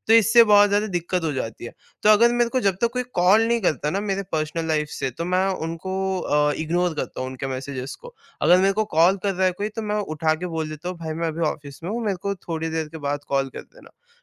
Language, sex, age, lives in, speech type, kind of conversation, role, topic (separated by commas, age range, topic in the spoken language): Hindi, male, 18-19, India, conversation, podcast, guest, Kya tum notifications ko manage karte ho ya sabko on hi rakhte ho?